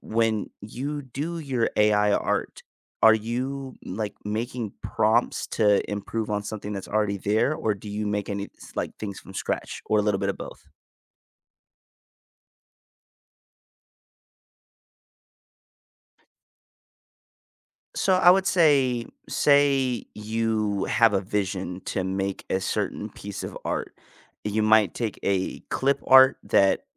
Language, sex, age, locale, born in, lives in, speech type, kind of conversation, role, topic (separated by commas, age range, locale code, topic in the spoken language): English, male, 25-29, en-US, United States, United States, conversation, unstructured, none, What do you think helps people cope with stress best?